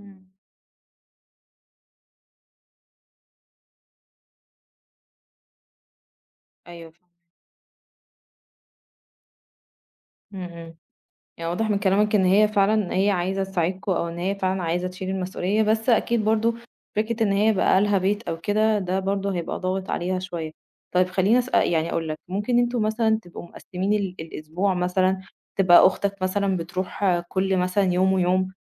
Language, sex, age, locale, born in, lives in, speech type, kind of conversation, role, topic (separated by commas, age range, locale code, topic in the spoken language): Arabic, female, 30-34, ar-EG, Egypt, Egypt, conversation, advice, advisor, إزاي نحلّ التوتر بين الإخوة بخصوص رعاية والد كبير في السن؟